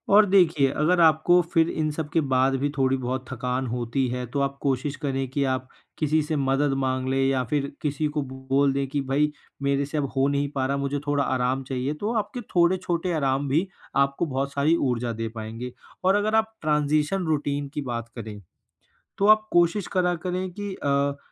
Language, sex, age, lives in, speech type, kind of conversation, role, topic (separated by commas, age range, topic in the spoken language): Hindi, male, 20-24, India, conversation, advice, advisor, ब्रेक लेते समय मैं अपनी ऊर्जा और एकाग्रता कैसे बढ़ा सकता/सकती हूँ?